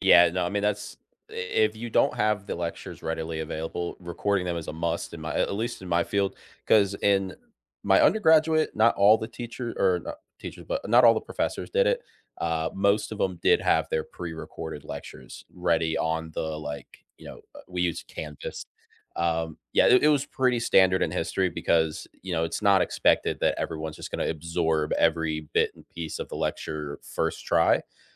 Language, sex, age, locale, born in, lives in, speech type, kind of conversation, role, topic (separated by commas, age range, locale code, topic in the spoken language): English, male, 25-29, en-US, United States, United States, conversation, unstructured, none, Which study habits have genuinely worked for you, and what made them stick?